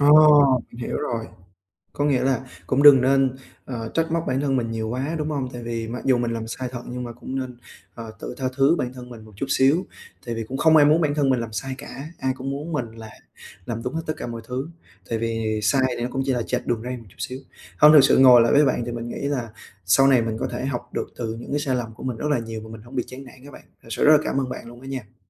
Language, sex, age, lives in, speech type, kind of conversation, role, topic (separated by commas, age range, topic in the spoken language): Vietnamese, male, 20-24, Vietnam, conversation, advice, user, Làm sao để rút kinh nghiệm từ những sai lầm mà không chán nản?